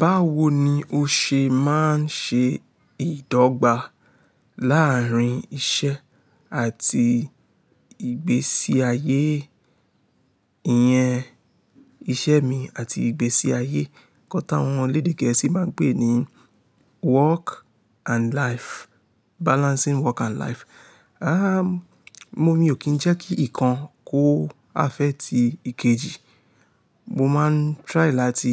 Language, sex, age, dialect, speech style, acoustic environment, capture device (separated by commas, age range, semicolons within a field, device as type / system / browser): Yoruba, male, 25-34, Nigeria - Lagos Yoruba, relaxed, people talking, mobile / Linux / Chrome